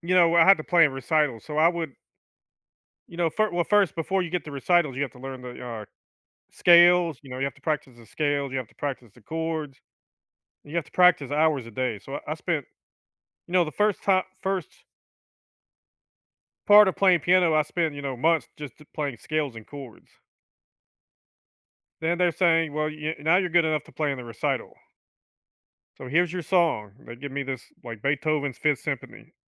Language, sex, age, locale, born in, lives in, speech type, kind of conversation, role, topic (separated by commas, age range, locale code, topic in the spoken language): English, male, 50-54, en-US, United States, United States, conversation, podcast, guest, In what ways does music shape your everyday experiences and emotions?